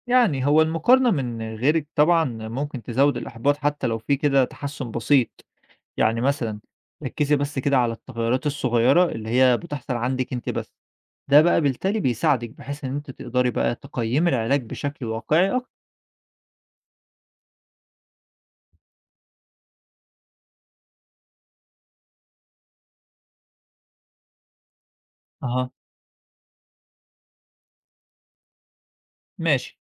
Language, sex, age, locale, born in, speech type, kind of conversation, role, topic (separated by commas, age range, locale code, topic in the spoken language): Arabic, male, 25-29, ar-EG, Egypt, read, expert-assistant, expert, إزاي أعرف إن طريقة العلاج النفسي اللي بجربها مناسبة ليَّ؟